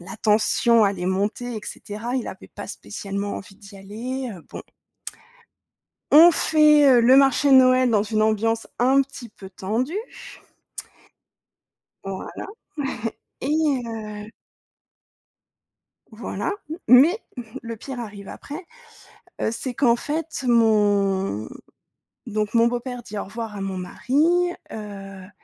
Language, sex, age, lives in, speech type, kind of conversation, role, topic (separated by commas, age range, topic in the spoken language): French, female, 30-34, France, conversation, advice, user, Comment puis-je réparer la confiance après avoir blessé quelqu’un et présenter des excuses sincères ?